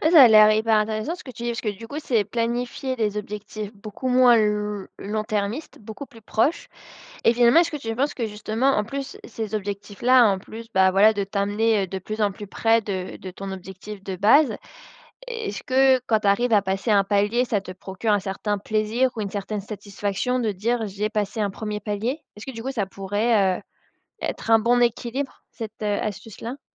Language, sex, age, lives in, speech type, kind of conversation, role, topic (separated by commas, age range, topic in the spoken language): French, female, 25-29, France, conversation, podcast, host, Tu privilégies le plaisir immédiat ou les bénéfices à long terme ?